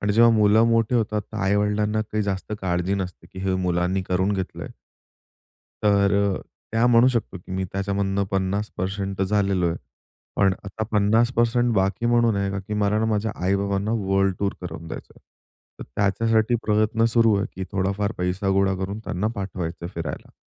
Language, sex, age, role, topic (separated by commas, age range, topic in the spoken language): Marathi, male, 25-29, guest, तुमच्यासाठी यशाचा अर्थ काय आहे?